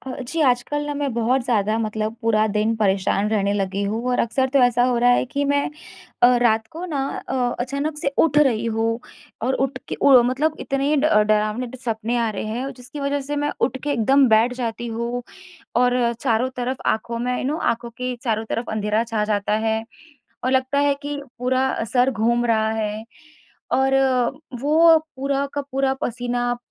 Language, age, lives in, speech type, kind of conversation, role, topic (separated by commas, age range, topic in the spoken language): Hindi, 25-29, India, conversation, advice, user, आपको कितनी बार और किस तरह के दुःस्वप्न या भयावह सपनों से नींद खुलती है?